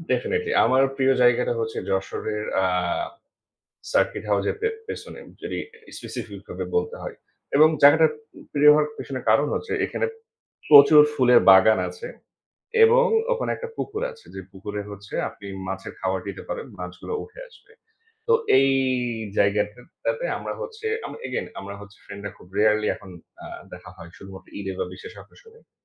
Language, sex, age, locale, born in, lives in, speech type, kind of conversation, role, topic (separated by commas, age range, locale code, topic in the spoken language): Bengali, male, 30-34, bn-BD, Bangladesh, Bangladesh, conversation, unstructured, none, আপনি আপনার বন্ধুদের সঙ্গে সময় কাটাতে কীভাবে সবচেয়ে বেশি পছন্দ করেন?